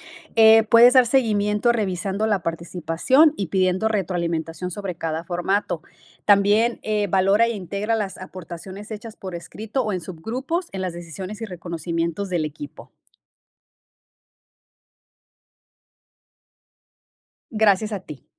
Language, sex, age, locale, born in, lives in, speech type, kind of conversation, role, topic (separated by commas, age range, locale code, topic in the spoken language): Spanish, female, 30-34, es-MX, Mexico, United States, read, expert-assistant, expert, ¿Cómo puedo mejorar mi empatía y comunicación al interactuar con personas de diferentes contextos culturales?